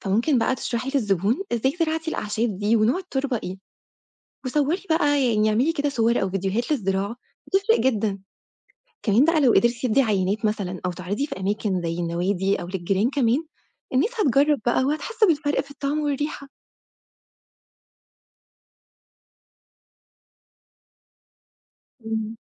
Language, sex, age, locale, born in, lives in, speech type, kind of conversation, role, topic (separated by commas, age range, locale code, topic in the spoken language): Arabic, female, 25-29, ar-EG, Egypt, Egypt, read, expert-assistant, expert, لو أنا صاحب مشروع صغير لإنتاج أكل عضوي وعندي موارد محدودة، أبدأ منين وأحل المشاكل اللي بتقابلني؟